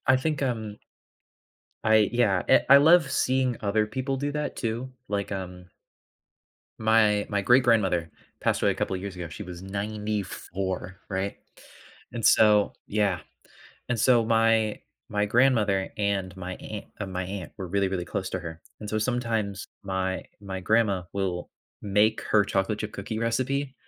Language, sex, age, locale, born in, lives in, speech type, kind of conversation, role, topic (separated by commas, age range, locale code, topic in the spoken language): English, male, 20-24, en-US, United States, United States, conversation, unstructured, none, Why do certain smells trigger such vivid memories from our past?